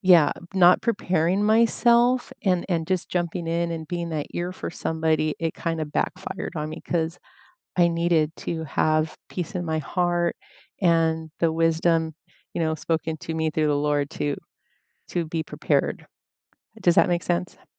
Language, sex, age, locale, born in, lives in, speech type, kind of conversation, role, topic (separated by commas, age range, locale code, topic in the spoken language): English, female, 50-54, en-US, United States, United States, conversation, unstructured, none, What little daily rituals make your mornings better?